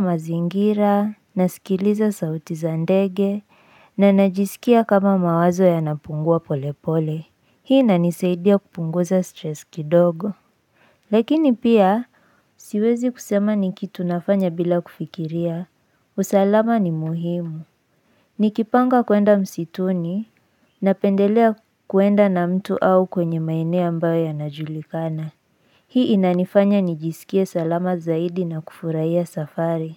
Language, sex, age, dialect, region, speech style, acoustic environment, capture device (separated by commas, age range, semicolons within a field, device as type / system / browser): Swahili, female, 18-24, Kenya - Nairobi Sheng-influenced, Kenya, anxious, silence, mobile / Linux / Chrome